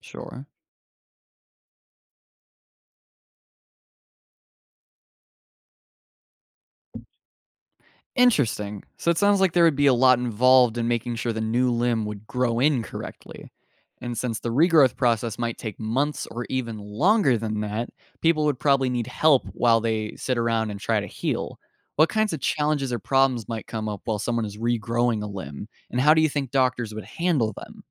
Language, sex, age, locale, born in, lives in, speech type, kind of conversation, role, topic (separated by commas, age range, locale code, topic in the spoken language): English, male, 18-19, en-US, United States, United States, read, expert-assistant, asker, How would life change if humans could regrow limbs?